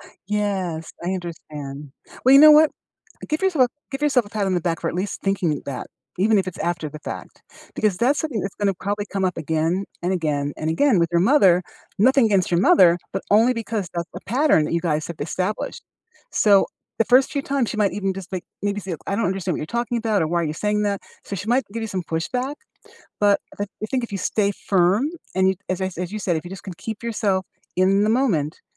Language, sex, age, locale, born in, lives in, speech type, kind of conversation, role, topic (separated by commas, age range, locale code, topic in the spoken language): English, female, 60-64, en-US, United States, United States, conversation, unstructured, none, What’s the best way to calm down during a heated argument?